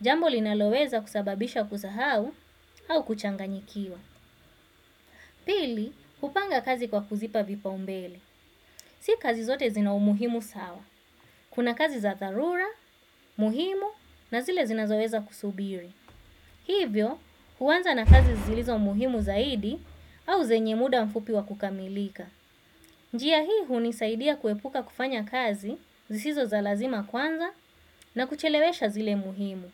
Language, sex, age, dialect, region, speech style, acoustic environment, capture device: Swahili, female, 25-34, Kenya - Mombasa, Kenya, focused; relaxed, silence, desktop / Windows / Chrome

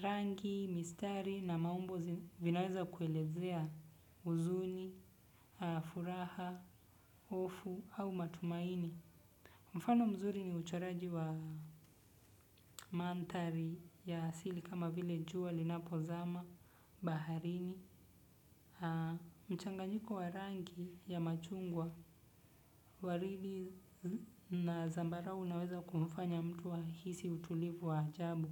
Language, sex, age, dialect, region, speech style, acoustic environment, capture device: Swahili, male, 25-34, Kenya - Nairobi Sheng-influenced, Kenya, focused, silence, desktop / Windows / Chrome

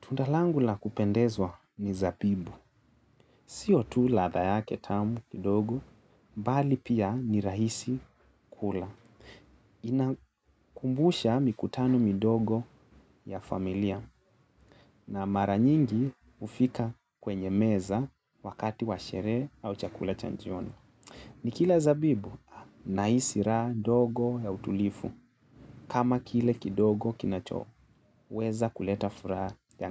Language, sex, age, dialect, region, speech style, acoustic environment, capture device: Swahili, male, 25-34, Kenya - Nairobi Sheng-influenced, Kenya, relaxed, silence, mobile / Linux / Chrome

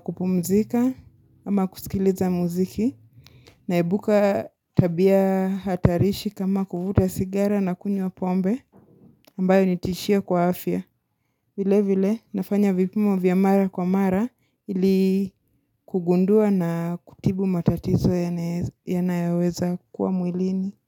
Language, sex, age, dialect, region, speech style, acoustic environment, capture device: Swahili, female, 25-34, Kenya - Mombasa, Kenya, happy; focused; relaxed, music; nature, mobile / Linux / Chrome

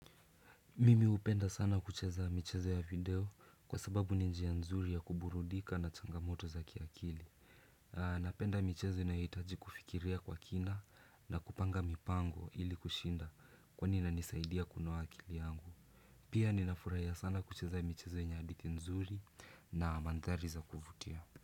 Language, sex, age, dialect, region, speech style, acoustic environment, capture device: Swahili, male, 18-24, Kenya - Nairobi Sheng-influenced, Kenya, relaxed, silence, desktop / Windows / Chrome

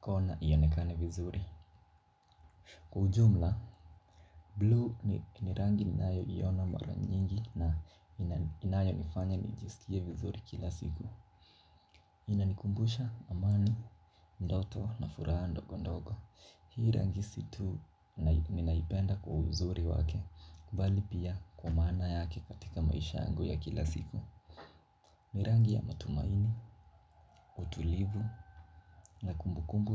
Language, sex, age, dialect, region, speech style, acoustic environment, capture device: Swahili, male, 18-24, Kenya - Mombasa, Kenya, relaxed, nature, mobile / Linux / Chrome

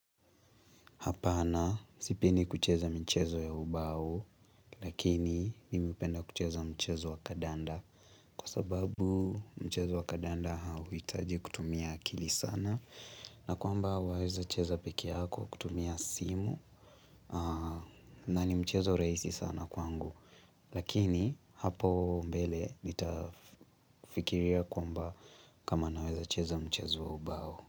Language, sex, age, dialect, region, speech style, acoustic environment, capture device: Swahili, male, 18-24, Kenya - Nairobi Sheng-influenced, Kenya, relaxed, nature, mobile / Linux / Chrome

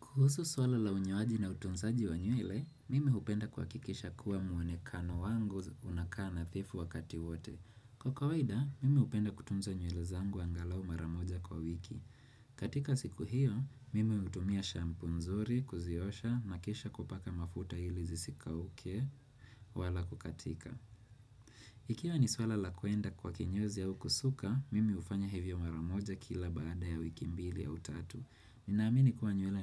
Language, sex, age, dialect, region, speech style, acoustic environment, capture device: Swahili, male, 25-34, Kenya - Nairobi Sheng-influenced, Kenya, relaxed, people talking; traffic; nature, desktop / Windows / Chrome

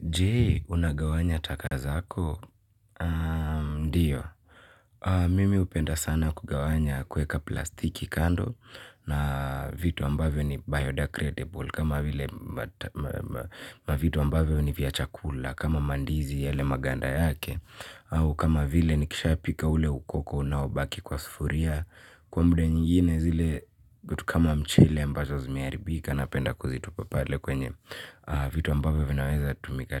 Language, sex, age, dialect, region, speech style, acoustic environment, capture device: Swahili, male, 18-24, Kenya - Nairobi Sheng-influenced, Kenya, happy; relaxed; focused; excited, silence, mobile / Linux / Chrome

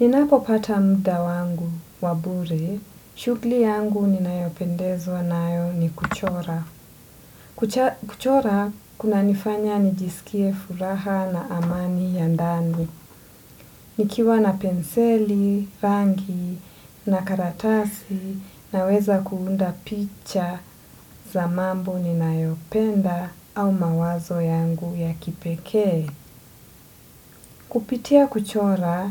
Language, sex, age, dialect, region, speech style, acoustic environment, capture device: Swahili, female, 25-34, Kenya - Mombasa, Kenya, relaxed, silence; nature, desktop / Windows / Edge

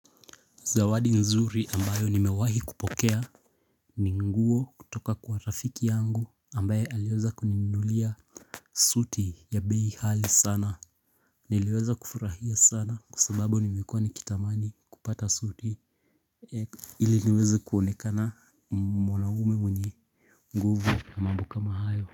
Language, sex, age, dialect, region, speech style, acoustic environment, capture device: Swahili, male, 18-24, Kenya - Nairobi Sheng-influenced, Kenya, relaxed, people talking; nature; appliances, mobile / Linux / Chrome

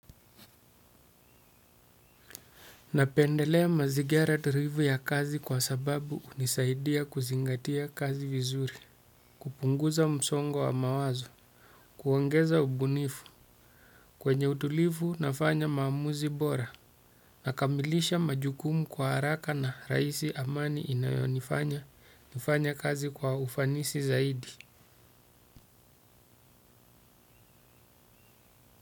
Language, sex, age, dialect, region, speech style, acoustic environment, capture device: Swahili, male, 35-44, Kenya - Mombasa, Kenya, relaxed, silence, desktop / Windows / Chrome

